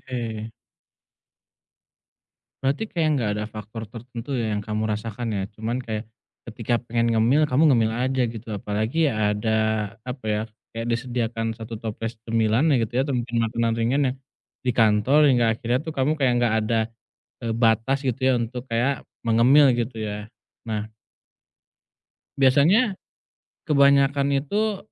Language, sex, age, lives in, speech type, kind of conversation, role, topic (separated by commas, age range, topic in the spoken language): Indonesian, male, 30-34, Indonesia, conversation, advice, advisor, Bagaimana cara mengendalikan keinginan ngemil saat emosi muncul?